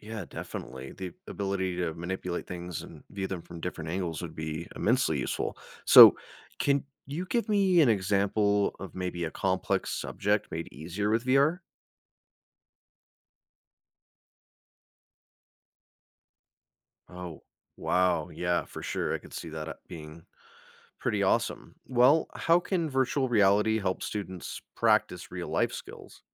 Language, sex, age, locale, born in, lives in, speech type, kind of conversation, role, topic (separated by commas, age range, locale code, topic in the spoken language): English, male, 30-34, en-US, United States, United States, read, expert-assistant, asker, How does virtual reality influence learning experiences?